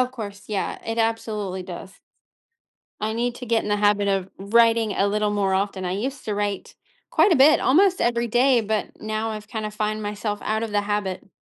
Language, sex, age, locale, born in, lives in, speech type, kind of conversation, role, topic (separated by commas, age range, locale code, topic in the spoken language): English, female, 30-34, en-US, United States, United States, conversation, advice, user, How can I find more meaning in everyday life?